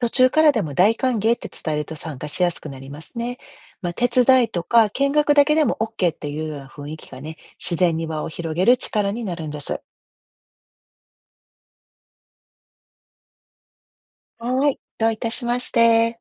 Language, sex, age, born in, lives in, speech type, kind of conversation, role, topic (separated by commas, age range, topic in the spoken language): Japanese, female, 50-54, Japan, United States, read, expert-assistant, expert, 都会の中で「知らない人同士」が気軽に交流できる工夫、どんな方法が面白そうですか？